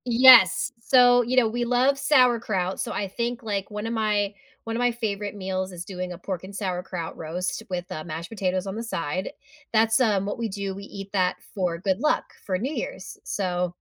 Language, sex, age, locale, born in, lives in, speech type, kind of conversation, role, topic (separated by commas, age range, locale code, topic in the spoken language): English, female, 35-39, en-US, United States, United States, conversation, podcast, guest, Why do shared meals play such an important role in connecting people?